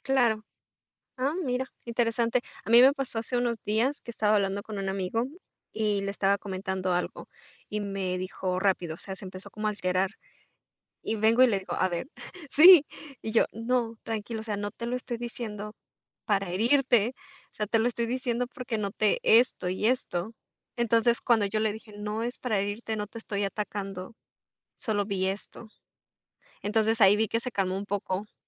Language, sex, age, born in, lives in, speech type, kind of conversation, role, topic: Spanish, female, 25-29, Mexico, Mexico, conversation, unstructured, none, ¿Cómo expresas tu opinión sin herir a un amigo?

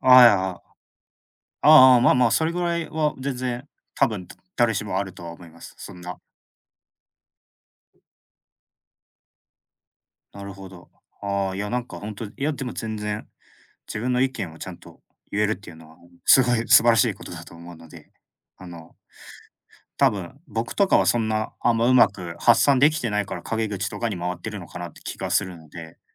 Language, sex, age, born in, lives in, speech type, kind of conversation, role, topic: Japanese, male, 25-29, Japan, Japan, conversation, unstructured, none, 人の悪口を言ってしまったことはありますか？そのとき、どう思いましたか？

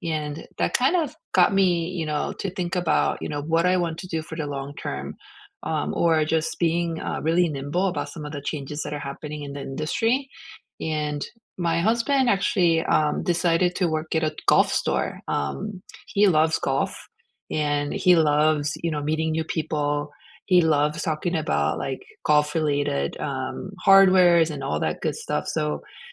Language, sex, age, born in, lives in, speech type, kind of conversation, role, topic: English, female, 40-44, South Korea, United States, conversation, unstructured, none, How are you adapting and supporting each other’s career growth in a changing economy?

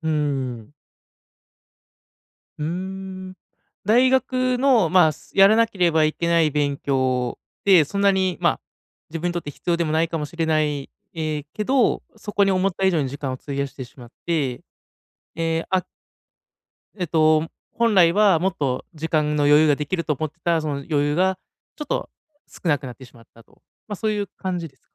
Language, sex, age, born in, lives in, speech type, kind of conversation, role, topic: Japanese, male, 30-34, Japan, Japan, conversation, advice, advisor, どうすれば自分の時間と才能を有意義に活用できますか？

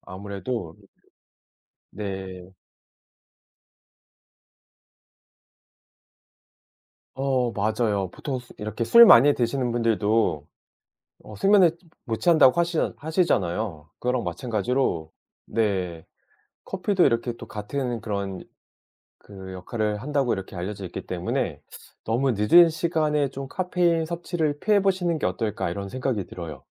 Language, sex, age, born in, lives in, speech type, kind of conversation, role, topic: Korean, male, 40-44, South Korea, South Korea, conversation, advice, advisor, 밤에 잠들기 힘든 이유가 무엇인가요?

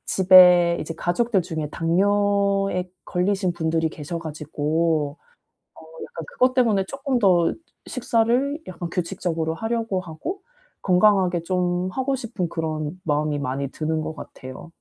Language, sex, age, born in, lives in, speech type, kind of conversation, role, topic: Korean, female, 35-39, South Korea, South Korea, conversation, advice, user, 주말에도 평일처럼 규칙적으로 식사하는 실용적인 방법이 있을까요?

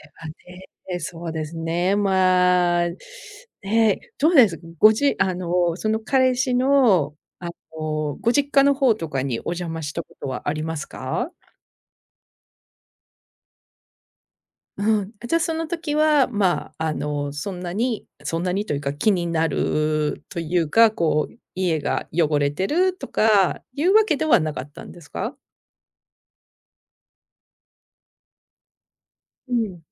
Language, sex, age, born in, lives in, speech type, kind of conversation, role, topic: Japanese, female, 50-54, Japan, United States, conversation, advice, advisor, 同棲や結婚の前に、生活習慣や家事の分担について不安があるのですが、どうすればよいですか？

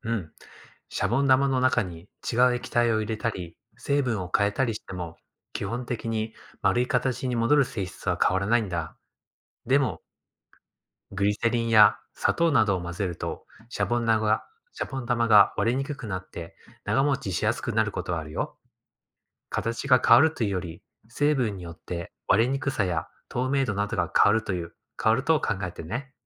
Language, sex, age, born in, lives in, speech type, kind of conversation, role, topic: Japanese, male, 35-39, Japan, Japan, read, expert-assistant, expert, どうしてシャボン玉は丸い形になるのですか？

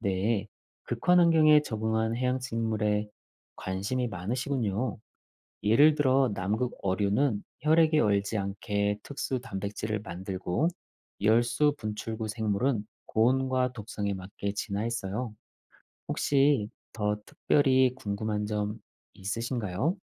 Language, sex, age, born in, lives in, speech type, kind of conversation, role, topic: Korean, male, 40-44, South Korea, Germany, read, expert-assistant, expert, 심해어는 왜 특이한 형태와 빛을 내는 능력을 갖게 되었을까요? 그 진화적 이유와 생존 전략은 무엇인가요?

